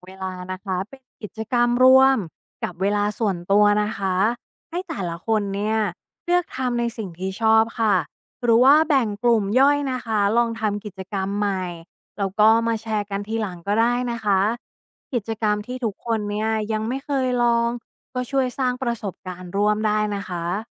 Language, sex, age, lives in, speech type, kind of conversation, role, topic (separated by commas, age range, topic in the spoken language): Thai, female, 35-39, Thailand, read, expert-assistant, expert, มีเทคนิคอะไรที่ช่วยให้เราได้ประสบการณ์แปลกใหม่เวลาออกไปเที่ยว?